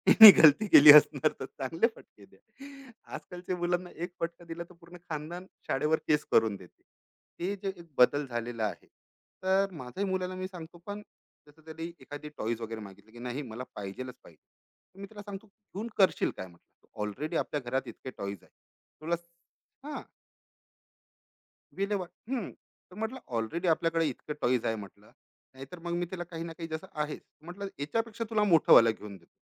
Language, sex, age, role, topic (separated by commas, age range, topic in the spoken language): Marathi, male, 35-39, guest, मुलांच्या भावना समजून घेण्यासाठी तुम्ही कोणते प्रयत्न करता?